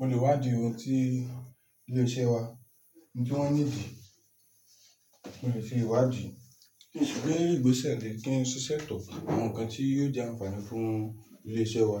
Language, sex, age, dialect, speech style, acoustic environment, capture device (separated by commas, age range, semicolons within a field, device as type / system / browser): Yoruba, male, 25-34, Nigeria - Lagos Yoruba, anxious, silence, mobile / Linux / Chrome